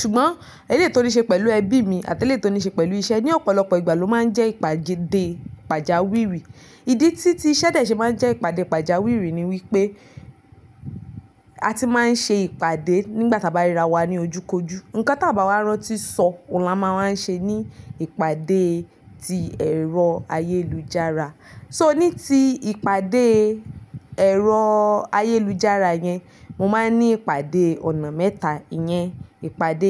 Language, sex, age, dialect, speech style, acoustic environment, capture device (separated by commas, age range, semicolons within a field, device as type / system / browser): Yoruba, female, 25-34, Nigeria - Nigerian Standard, relaxed, appliances, mobile / Linux / Chrome